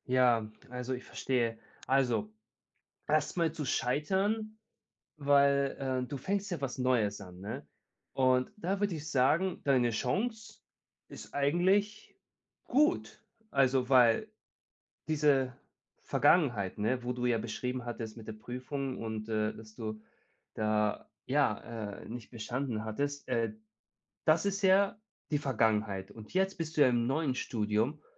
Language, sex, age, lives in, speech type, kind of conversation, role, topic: German, male, 30-34, Germany, conversation, advice, advisor, Wie kann ich mit der Angst vor finanziellem Verlust und dem Scheitern als Gründer umgehen?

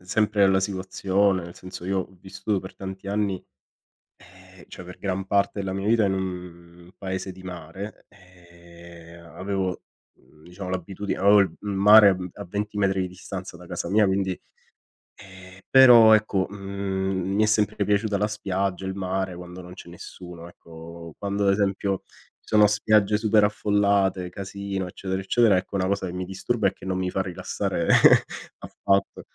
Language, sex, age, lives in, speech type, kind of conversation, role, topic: Italian, male, 30-34, Italy, conversation, unstructured, none, Quali hobby ti aiutano a rilassarti dopo una giornata intensa?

